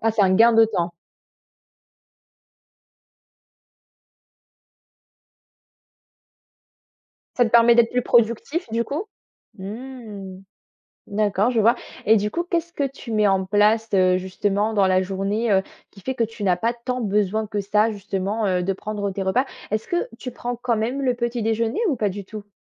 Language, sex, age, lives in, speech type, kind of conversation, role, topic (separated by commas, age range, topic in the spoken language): French, female, 20-24, France, conversation, podcast, host, Quelle routine matinale te rend plus productif à la maison ?